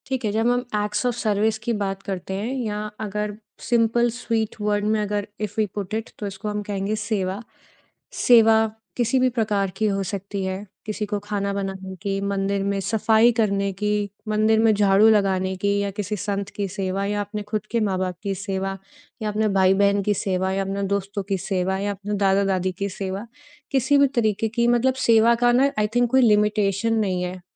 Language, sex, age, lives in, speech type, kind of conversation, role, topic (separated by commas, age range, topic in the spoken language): Hindi, female, 30-34, India, conversation, podcast, host, Acts of service, jaise khana banana, tumhare liye kitne important hote hain?